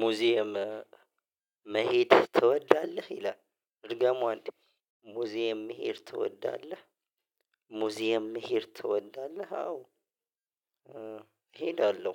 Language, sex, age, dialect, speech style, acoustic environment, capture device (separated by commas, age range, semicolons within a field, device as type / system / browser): Amharic, male, 25-34, Ethiopia - Addis Ababa, relaxed, silence; nature, mobile / Linux / Chrome